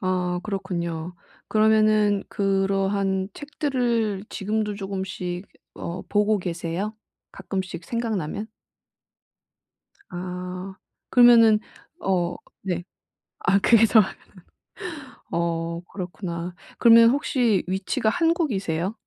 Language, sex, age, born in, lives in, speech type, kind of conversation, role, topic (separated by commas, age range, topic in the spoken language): Korean, female, 30-34, South Korea, Japan, conversation, advice, advisor, 소유를 줄이고 삶을 단순화하는 방법은 무엇인가요?